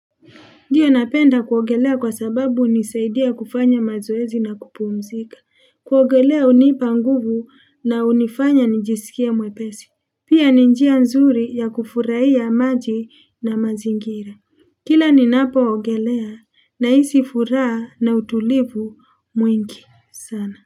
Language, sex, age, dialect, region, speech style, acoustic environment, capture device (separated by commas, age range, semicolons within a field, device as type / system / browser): Swahili, female, 35-44, Kenya - Nairobi Sheng-influenced, Kenya, happy; relaxed; excited; focused, people talking; construction; traffic; appliances; nature, mobile / Linux / Chrome